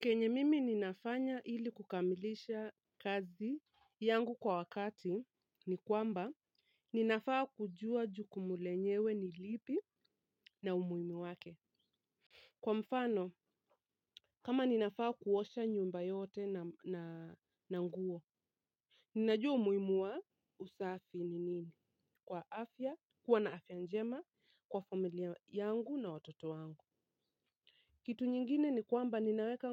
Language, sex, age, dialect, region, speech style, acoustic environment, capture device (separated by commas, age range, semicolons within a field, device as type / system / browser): Swahili, female, 25-34, Kenya - Nairobi Sheng-influenced, Kenya, relaxed, nature, mobile / Linux / Chrome